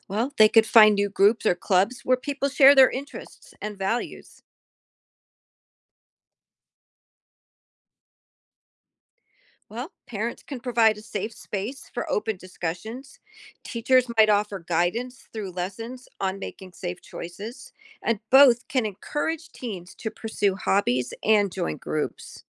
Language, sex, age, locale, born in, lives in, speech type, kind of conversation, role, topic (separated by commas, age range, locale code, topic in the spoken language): English, female, 55-59, en-US, Germany, United States, read, expert-assistant, expert, How does peer pressure influence teenagers?